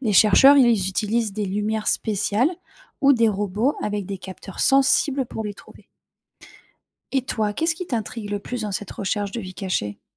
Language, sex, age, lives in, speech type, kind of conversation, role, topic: French, female, 30-34, France, read, expert-assistant, expert, Quelle méthode rend l’étude des océans plus vivante et moins théorique ?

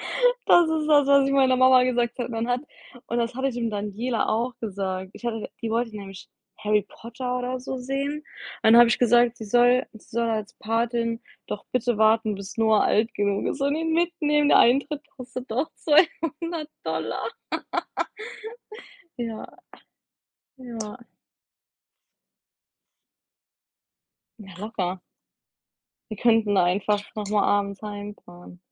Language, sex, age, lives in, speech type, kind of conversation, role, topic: German, female, 25-29, United States, conversation, unstructured, none, Wie bereitest du dich auf einen längeren Urlaub vor?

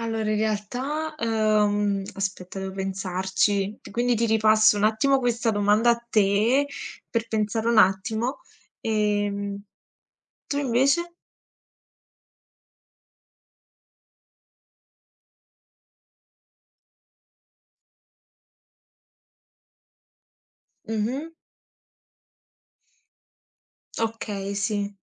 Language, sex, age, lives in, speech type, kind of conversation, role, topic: Italian, female, 20-24, Italy, conversation, unstructured, none, Qual è il cibo più strano che hai mai provato?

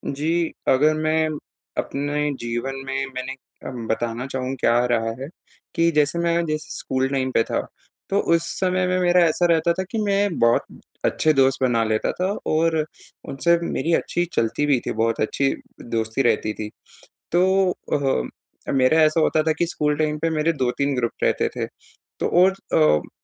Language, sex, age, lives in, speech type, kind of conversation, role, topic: Hindi, male, 20-24, India, conversation, advice, user, मैं अलग-अलग लोगों के साथ सहज और सच्चे संबंध कैसे बना सकता/सकती हूँ?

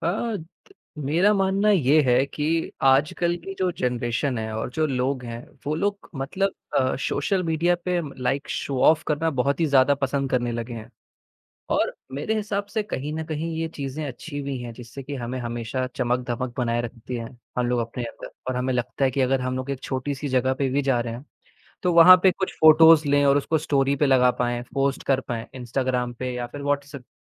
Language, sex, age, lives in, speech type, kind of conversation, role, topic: Hindi, male, 18-19, India, conversation, podcast, guest, सोशल मीडिया आपके पहनावे और स्टाइल को कैसे बदलता है?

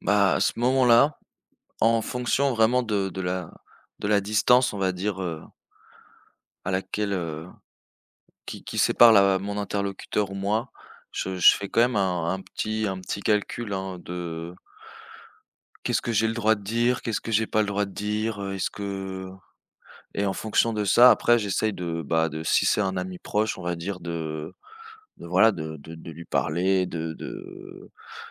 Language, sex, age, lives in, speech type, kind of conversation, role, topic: French, male, 25-29, France, conversation, unstructured, none, Que fais-tu quand quelqu’un minimise ta douleur après une perte ?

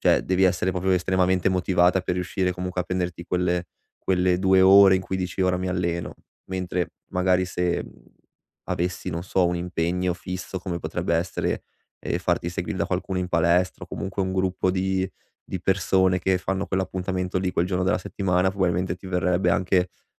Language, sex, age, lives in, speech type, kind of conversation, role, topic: Italian, male, 25-29, Italy, conversation, advice, advisor, Come posso smettere di saltare gli allenamenti e riuscire a tornare in pista?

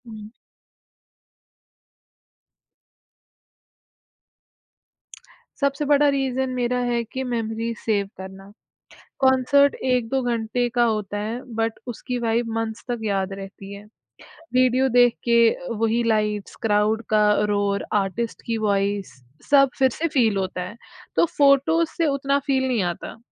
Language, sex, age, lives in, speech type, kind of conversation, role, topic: Hindi, female, 20-24, India, conversation, podcast, guest, Kya tum concerts mein photos ya videos zyada lete ho, kyun?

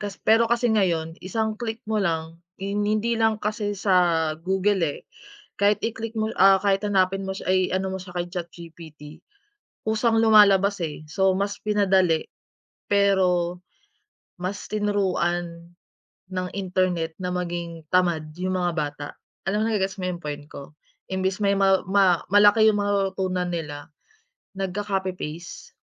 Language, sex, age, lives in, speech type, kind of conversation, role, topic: Filipino, female, 30-34, Philippines, conversation, unstructured, none, Paano mo ginagamit ang teknolohiya sa araw-araw mong pag-aaral, at ano ang palagay mo sa paggamit ng internet at midyang panlipunan para sa edukasyon?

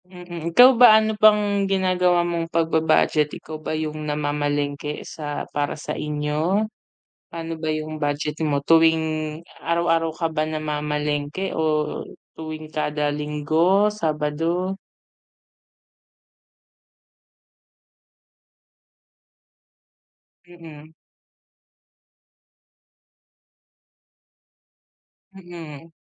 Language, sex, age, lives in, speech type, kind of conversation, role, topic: Filipino, female, 30-34, Philippines, conversation, unstructured, none, Mas gusto mo bang magluto sa bahay o kumain sa labas?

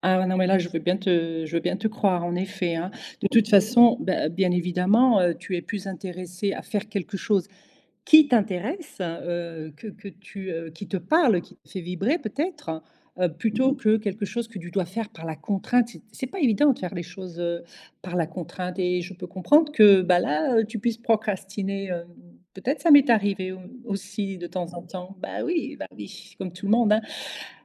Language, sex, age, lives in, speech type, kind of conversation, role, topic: French, female, 55-59, Portugal, conversation, podcast, host, Comment gères-tu la procrastination quand il faut travailler ?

